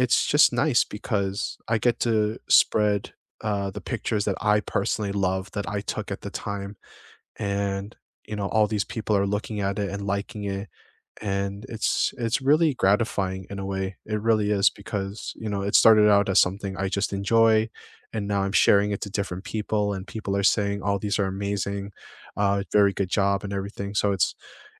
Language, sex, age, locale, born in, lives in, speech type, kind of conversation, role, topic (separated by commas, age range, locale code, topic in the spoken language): English, male, 25-29, en-US, United States, United States, conversation, unstructured, none, What’s a hobby that has brought unexpected joy to your life?